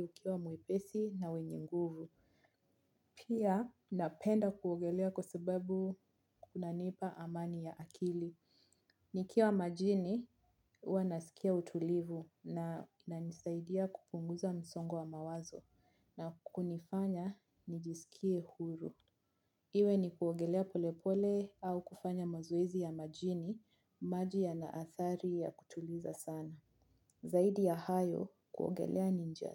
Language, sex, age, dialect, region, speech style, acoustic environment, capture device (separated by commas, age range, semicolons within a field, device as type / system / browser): Swahili, female, 25-34, Kenya - Mombasa, Kenya, relaxed; focused, nature; silence, mobile / Linux / Chrome